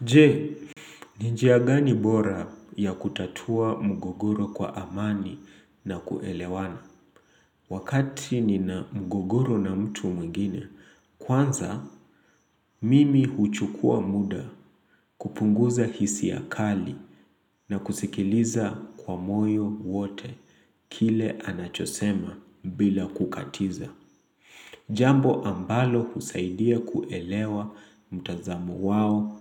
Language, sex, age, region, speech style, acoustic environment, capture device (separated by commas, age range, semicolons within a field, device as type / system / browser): Swahili, male, 25-34, Kenya, excited, nature, mobile / Linux / Chrome